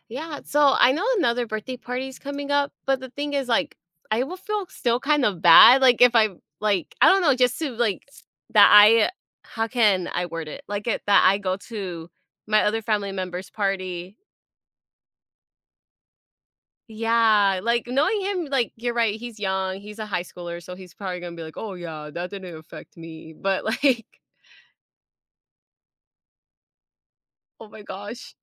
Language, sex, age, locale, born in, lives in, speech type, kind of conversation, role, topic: English, female, 25-29, en-US, United States, United States, conversation, advice, user, How should I apologize to my friend for forgetting their birthday?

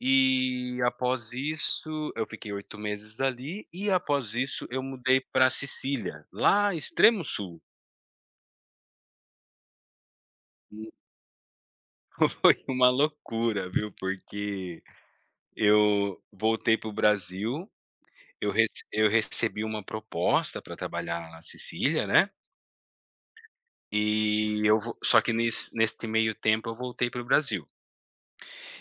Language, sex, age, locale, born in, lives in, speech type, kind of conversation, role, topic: Portuguese, male, 35-39, pt-BR, Brazil, Italy, conversation, unstructured, none, Qual foi a aventura mais inesperada que você já viveu?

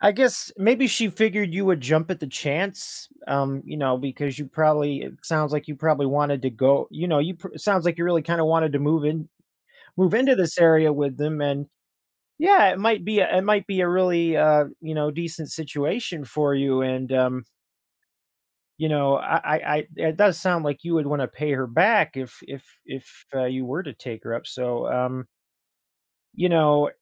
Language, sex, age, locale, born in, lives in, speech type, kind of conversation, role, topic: English, male, 30-34, en-US, United States, United States, conversation, advice, advisor, How can I rebuild my confidence?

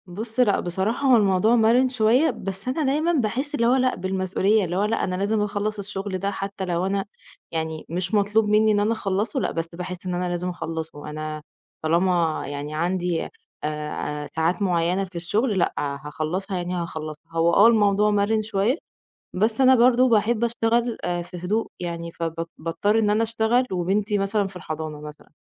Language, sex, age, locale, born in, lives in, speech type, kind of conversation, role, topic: Arabic, female, 30-34, ar-EG, Egypt, Egypt, conversation, advice, user, إزاي بتنظّم يومك المزدحم بين الشغل والأسرة؟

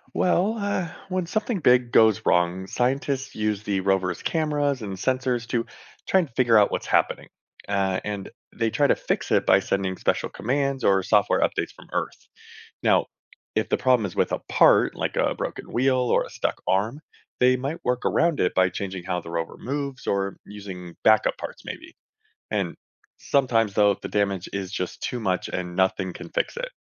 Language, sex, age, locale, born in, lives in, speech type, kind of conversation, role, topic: English, male, 35-39, en-US, United States, United States, read, expert-assistant, expert, How does space exploration contribute to scientific knowledge?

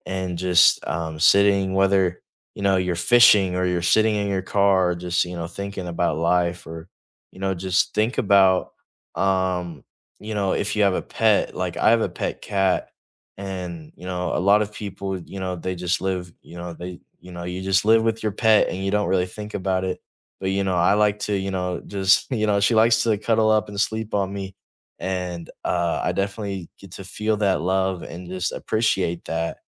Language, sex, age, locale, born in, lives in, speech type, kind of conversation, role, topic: English, male, 18-19, en-US, United States, United States, conversation, unstructured, none, What values do you think are most important in life?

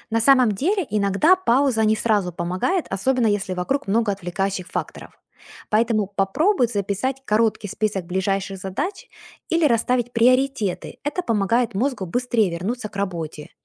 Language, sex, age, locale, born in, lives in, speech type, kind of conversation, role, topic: Russian, female, 40-44, ru-UA, Ukraine, United States, read, expert-assistant, expert, Как интегрировать медитацию, молитву или другие духовные практики в загруженный рабочий график?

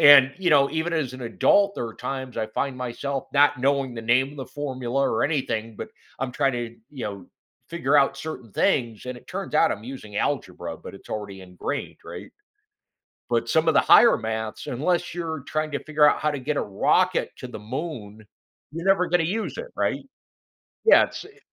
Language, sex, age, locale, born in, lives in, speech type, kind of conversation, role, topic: English, male, 55-59, en-US, United States, United States, conversation, podcast, host, How do personal challenges shape the way we learn and grow?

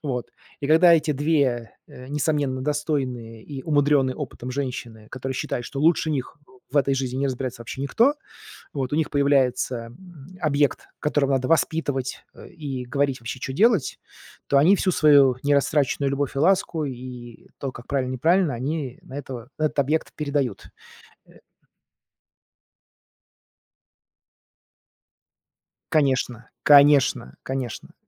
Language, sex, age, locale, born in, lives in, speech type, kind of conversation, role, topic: Russian, male, 40-44, ru-RU, Russia, United States, conversation, podcast, guest, Что помогает взрослым детям примириться с родителями?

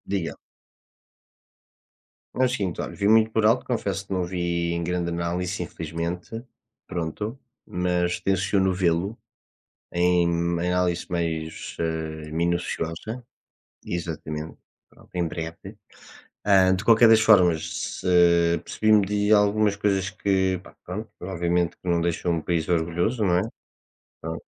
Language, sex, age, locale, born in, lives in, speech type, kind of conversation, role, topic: Portuguese, male, 20-24, pt-PT, Portugal, Portugal, conversation, unstructured, none, Você acha que os jovens deveriam se envolver mais na política?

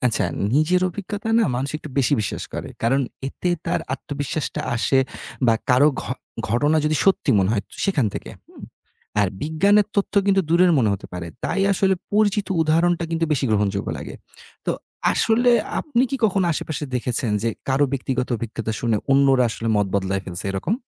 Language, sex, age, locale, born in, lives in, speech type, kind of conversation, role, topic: Bengali, male, 30-34, bn-BD, Bangladesh, Bangladesh, read, expert-assistant, expert, প্যাকেটজাত দুধ নিয়ে এত বিতর্ক—কেউ বলে নিরাপদ, কেউ বলে ক্ষতিকর। আসলে কোন তথ্যগুলো বিশ্বাসযোগ্য?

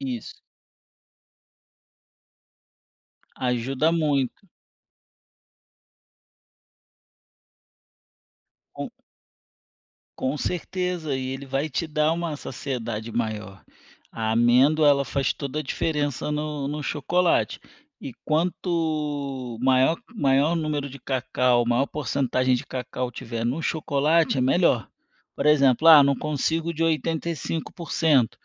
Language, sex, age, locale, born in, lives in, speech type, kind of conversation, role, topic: Portuguese, male, 35-39, pt-BR, Brazil, Spain, conversation, advice, advisor, Como posso controlar o tamanho das porções nos meus lanches?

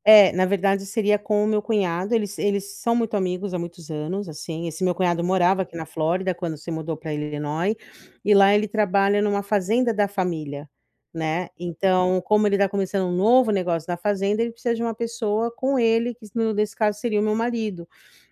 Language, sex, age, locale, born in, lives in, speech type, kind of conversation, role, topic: Portuguese, female, 40-44, pt-BR, Brazil, United States, conversation, advice, user, Como posso pesar os riscos e os benefícios antes de mudar de emprego?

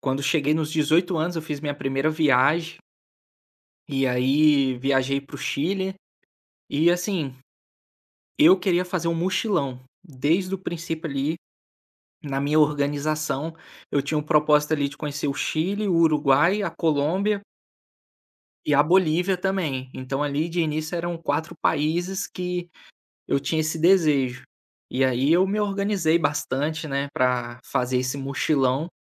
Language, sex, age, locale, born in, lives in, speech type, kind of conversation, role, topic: Portuguese, male, 25-29, pt-BR, Brazil, Spain, conversation, podcast, guest, Como surgiu uma amizade durante uma viagem?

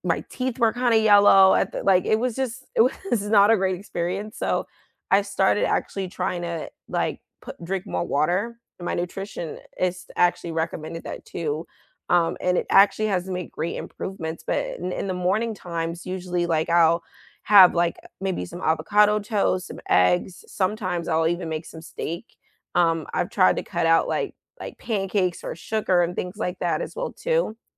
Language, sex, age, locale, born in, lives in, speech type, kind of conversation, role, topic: English, female, 25-29, en-US, United States, United States, conversation, unstructured, none, What small daily habits make your life feel better, and how can we encourage each other to stick with them?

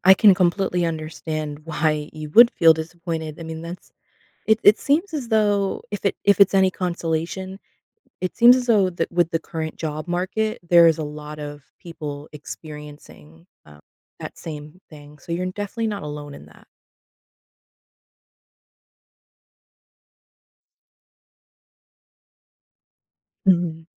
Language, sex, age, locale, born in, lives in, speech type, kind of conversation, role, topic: English, female, 25-29, en-US, United States, United States, conversation, advice, advisor, How can I bounce back and improve after a failed job interview?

